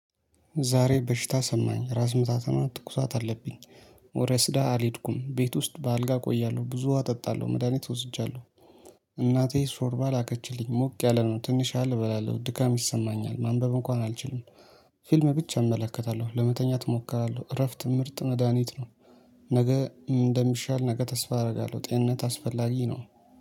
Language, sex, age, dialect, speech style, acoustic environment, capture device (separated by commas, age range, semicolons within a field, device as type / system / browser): Amharic, male, 25-34, Ethiopia - Addis Ababa, happy, people talking, mobile / Linux / Chrome